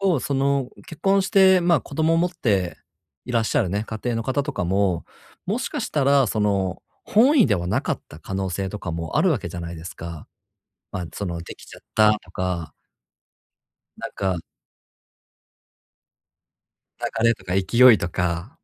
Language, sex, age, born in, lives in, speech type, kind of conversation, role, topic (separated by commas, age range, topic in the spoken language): Japanese, male, 30-34, Japan, Japan, conversation, advice, advisor, 他人と比べるのをやめるには、どうすればいいですか？